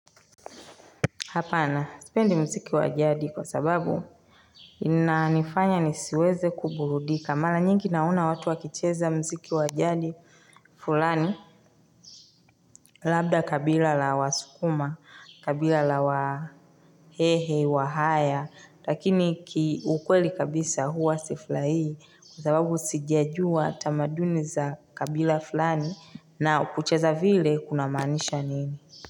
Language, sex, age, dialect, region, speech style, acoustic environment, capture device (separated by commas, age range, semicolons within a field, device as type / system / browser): Swahili, female, 25-34, Tanzania - Dar es Salaam, Tanzania, relaxed, nature; silence, mobile / Linux / Chrome